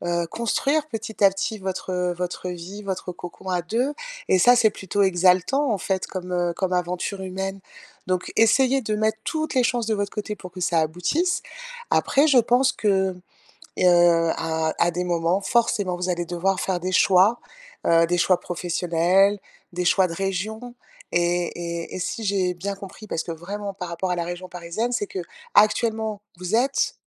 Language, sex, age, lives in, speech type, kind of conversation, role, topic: French, female, 50-54, France, conversation, advice, advisor, Comment puis-je trouver du soutien pendant une grande transition ?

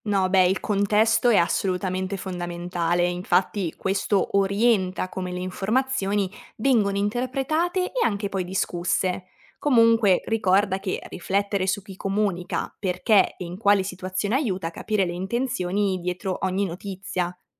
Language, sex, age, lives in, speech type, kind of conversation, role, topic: Italian, female, 20-24, Italy, read, expert-assistant, expert, Perché alcune persone si avvicinano e altre si allontanano dalla politica?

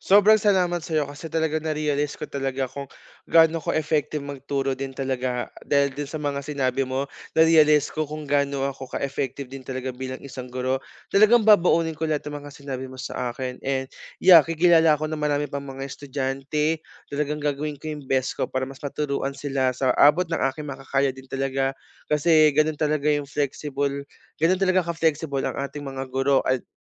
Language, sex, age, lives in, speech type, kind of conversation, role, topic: Filipino, male, 25-29, Philippines, conversation, advice, user, Paano ko mapapalakas ang aking emosyonal na katatagan kapag may malaking pagbabago sa buhay?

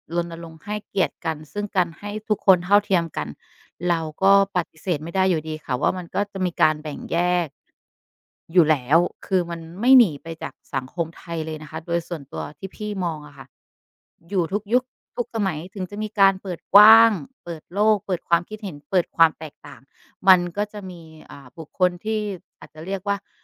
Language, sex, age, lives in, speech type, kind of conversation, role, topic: Thai, female, 35-39, Thailand, conversation, unstructured, none, คุณคิดอย่างไรเกี่ยวกับการยอมรับความแตกต่างของผู้อื่น?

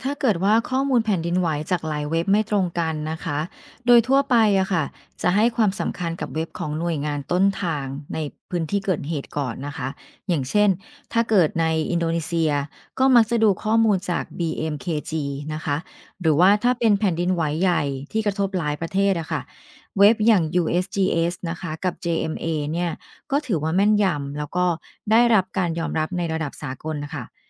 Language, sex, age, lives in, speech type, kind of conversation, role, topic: Thai, female, 45-49, Thailand, read, expert-assistant, expert, จะตรวจสอบและเปรียบเทียบข้อมูลข่าวแผ่นดินไหวยังไงให้เชื่อถือได้?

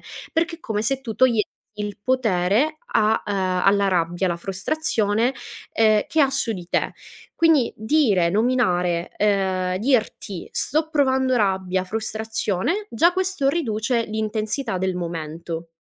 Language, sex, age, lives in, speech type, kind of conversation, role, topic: Italian, female, 25-29, Italy, conversation, advice, advisor, Come descriveresti la tua irritabilità e i tuoi scoppi d'ira legati allo stress quotidiano?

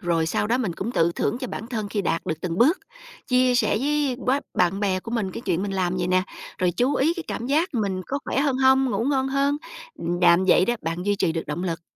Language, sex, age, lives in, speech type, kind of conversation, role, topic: Vietnamese, female, 45-49, United States, read, expert-assistant, expert, Có nhiều lời khuyên về cách tăng cường sức khỏe tim mạch, làm sao biết nguồn nào là chính xác?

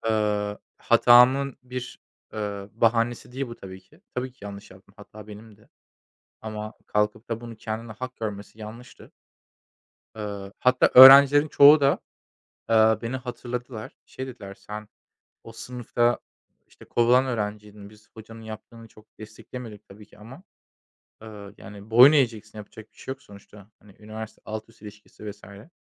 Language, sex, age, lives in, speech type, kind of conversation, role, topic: Turkish, male, 25-29, Germany, conversation, unstructured, none, Kendine zaman ayırmak senin için neden önemli?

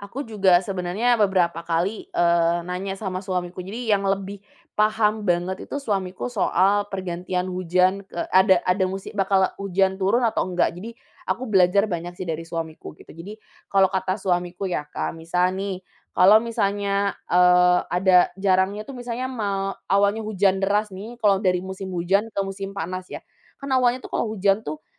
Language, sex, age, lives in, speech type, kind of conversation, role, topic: Indonesian, female, 25-29, Indonesia, conversation, podcast, guest, Apa tanda-tanda alam yang kamu perhatikan saat musim berganti?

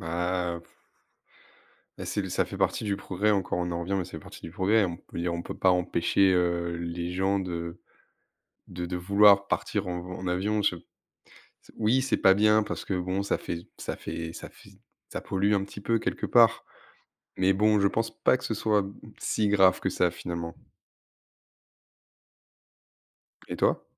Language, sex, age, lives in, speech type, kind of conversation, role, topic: French, male, 20-24, France, conversation, unstructured, none, As-tu un souvenir heureux vécu en pleine nature ?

